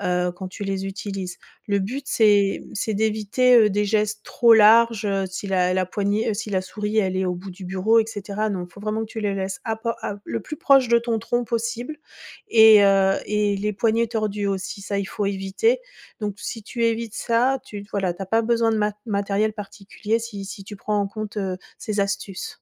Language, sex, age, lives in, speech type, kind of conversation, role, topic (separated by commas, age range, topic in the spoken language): French, female, 45-49, France, read, expert-assistant, expert, Quels nouveaux gestes du quotidien pourraient vraiment faire la différence pour prévenir les soucis de santé ?